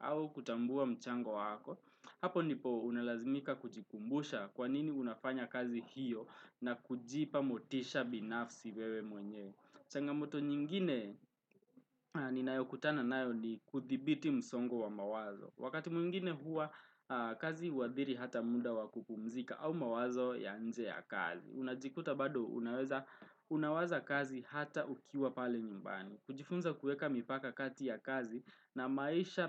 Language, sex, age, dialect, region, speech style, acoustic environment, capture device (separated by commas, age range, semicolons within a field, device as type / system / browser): Swahili, male, 18-24, Kenya - Nairobi Sheng-influenced, Kenya, relaxed, traffic, desktop / Windows / Chrome